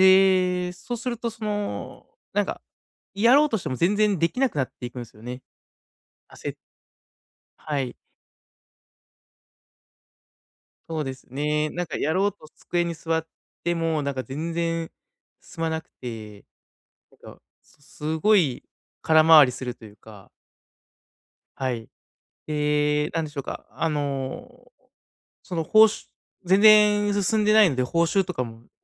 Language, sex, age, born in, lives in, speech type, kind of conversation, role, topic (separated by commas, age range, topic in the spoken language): Japanese, male, 30-34, Japan, Japan, conversation, advice, user, 悪循環から抜け出せないと感じるとき、どこから手をつければよいですか？